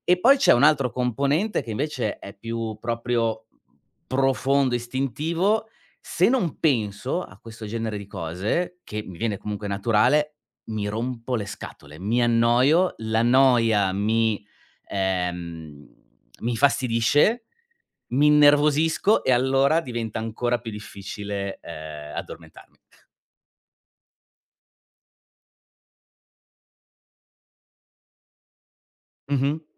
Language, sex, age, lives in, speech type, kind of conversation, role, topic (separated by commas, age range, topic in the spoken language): Italian, male, 40-44, Germany, conversation, advice, user, Come posso addormentarmi quando la sera ho pensieri intrusivi che mi tengono sveglio?